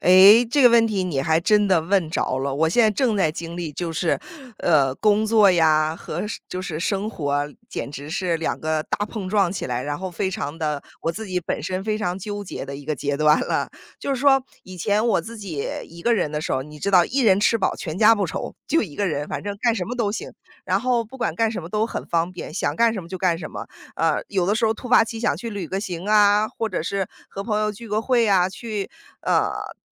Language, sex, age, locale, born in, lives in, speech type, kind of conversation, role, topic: Chinese, female, 35-39, zh-CN, United States, United States, conversation, podcast, guest, 你如何平衡工作与带娃？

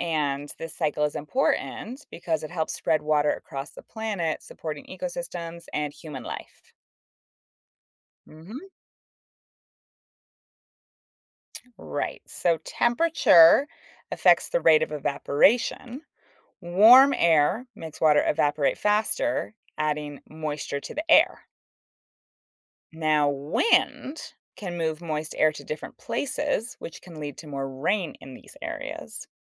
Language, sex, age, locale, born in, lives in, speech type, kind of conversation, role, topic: English, female, 35-39, en-US, United States, United States, read, expert-assistant, expert, What is the science behind the water cycle?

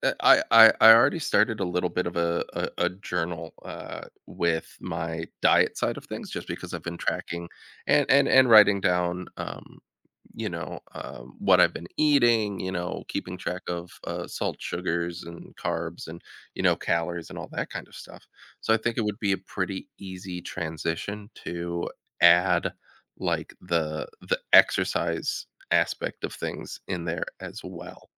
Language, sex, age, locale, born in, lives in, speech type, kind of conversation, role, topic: English, male, 30-34, en-US, United States, United States, conversation, advice, user, How can I start exercising regularly?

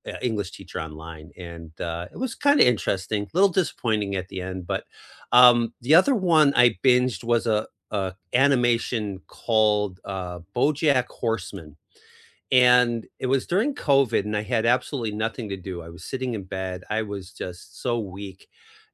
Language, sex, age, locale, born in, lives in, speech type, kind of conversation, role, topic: English, male, 55-59, en-US, United States, United States, conversation, unstructured, none, What was the last show you binged, and what about it hooked you?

